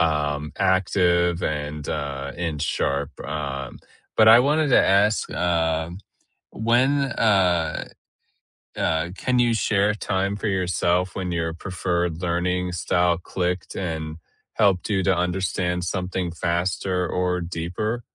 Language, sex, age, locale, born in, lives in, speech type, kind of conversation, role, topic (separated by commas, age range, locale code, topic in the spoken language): English, male, 30-34, en-US, United States, United States, conversation, unstructured, none, How do you prefer to learn—by listening, doing, or discussing?